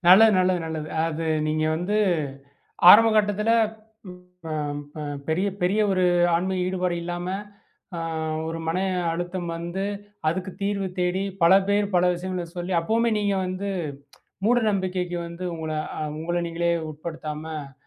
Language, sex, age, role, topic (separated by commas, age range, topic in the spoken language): Tamil, male, 35-39, host, எந்த இடம் உங்களுக்கு ஆன்மீக அமைதியை அளித்தது?